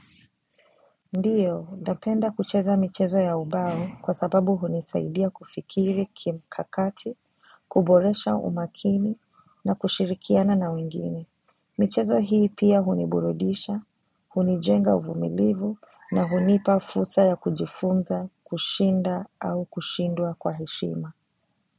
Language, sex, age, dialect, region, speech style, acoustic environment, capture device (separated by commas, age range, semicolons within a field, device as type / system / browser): Swahili, female, 25-34, Kenya - Mombasa, Kenya, relaxed; focused, nature; silence; appliances, mobile / macOS / Safari